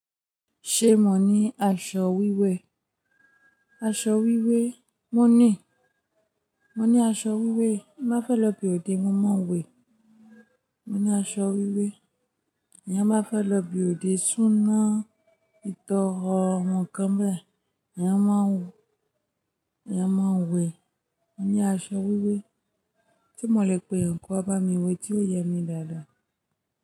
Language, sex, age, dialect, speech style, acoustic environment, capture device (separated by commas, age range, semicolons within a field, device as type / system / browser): Yoruba, female, 25-34, Nigeria - Ijebu, happy; focused, people talking; music; nature, mobile / Linux / Chrome